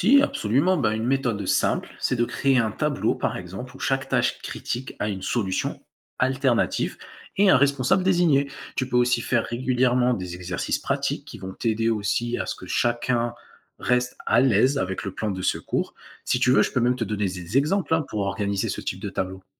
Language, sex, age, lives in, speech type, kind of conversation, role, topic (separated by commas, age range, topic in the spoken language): French, male, 20-24, France, read, expert-assistant, expert, Comment organiser le travail à la ferme quand on commence à utiliser des machines intelligentes ?